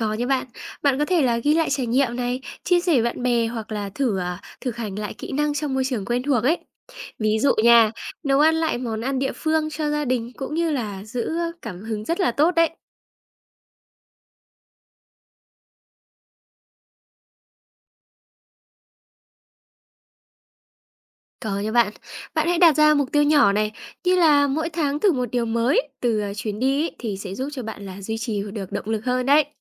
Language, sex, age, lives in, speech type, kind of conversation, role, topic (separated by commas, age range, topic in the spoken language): Vietnamese, female, 20-24, Vietnam, read, expert-assistant, expert, Làm thế nào để lên kế hoạch du lịch giúp phát triển bản thân và mở rộng thế giới quan?